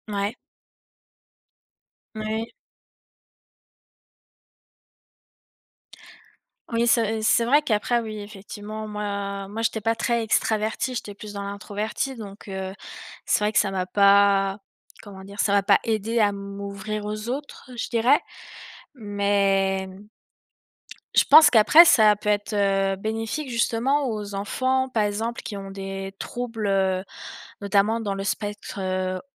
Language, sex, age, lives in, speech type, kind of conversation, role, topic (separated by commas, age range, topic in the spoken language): French, female, 20-24, France, conversation, unstructured, none, Comment les jeux vidéo peuvent-ils aider à apprendre ?